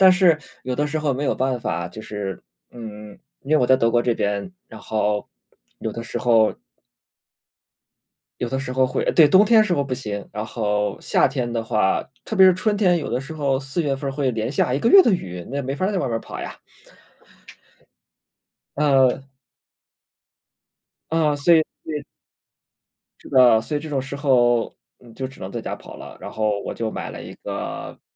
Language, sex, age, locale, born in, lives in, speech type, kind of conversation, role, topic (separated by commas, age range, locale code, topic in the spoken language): Chinese, male, 30-34, zh-CN, China, Germany, conversation, unstructured, none, 你平时最喜欢什么运动？为什么？